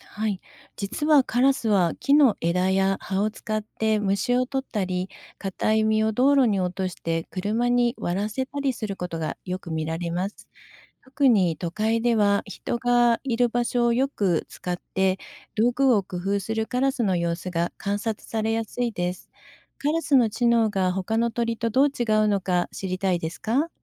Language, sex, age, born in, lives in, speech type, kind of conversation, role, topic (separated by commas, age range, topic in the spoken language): Japanese, female, 55-59, Japan, Japan, read, expert-assistant, expert, なぜカラスは賢いと言われるのか